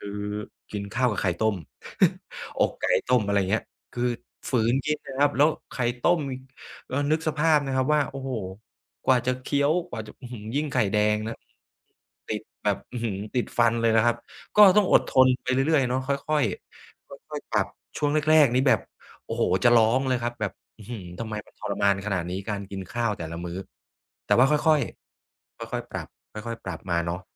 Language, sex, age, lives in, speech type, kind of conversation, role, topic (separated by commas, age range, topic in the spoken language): Thai, male, 35-39, Thailand, conversation, podcast, guest, การดูแลสุขภาพเปลี่ยนชีวิตคุณไปอย่างไรบ้าง?